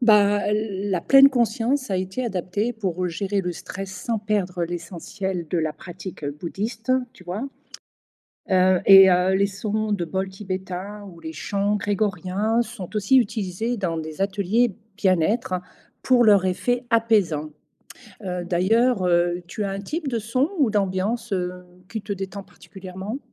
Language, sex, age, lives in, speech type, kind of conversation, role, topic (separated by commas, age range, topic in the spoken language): French, female, 55-59, Portugal, read, expert-assistant, expert, Peut-on s'inspirer de rituels spirituels pour se sentir mieux au quotidien sans être croyant ?